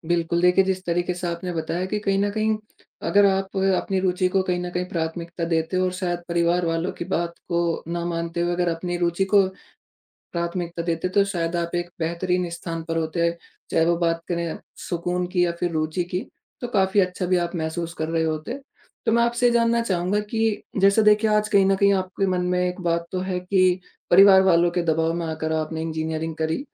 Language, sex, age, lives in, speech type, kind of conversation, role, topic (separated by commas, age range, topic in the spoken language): Hindi, male, 20-24, India, conversation, podcast, host, परिवार की राय आपके करियर के फैसलों को कैसे प्रभावित करती है?